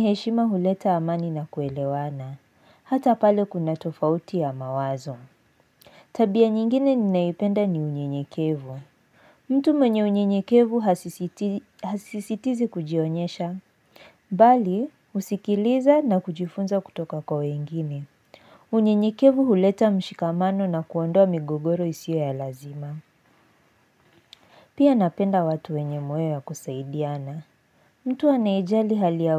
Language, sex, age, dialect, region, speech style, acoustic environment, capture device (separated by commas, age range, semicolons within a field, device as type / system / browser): Swahili, female, 18-24, Kenya - Nairobi Sheng-influenced, Kenya, focused; excited; relaxed, silence, mobile / Linux / Chrome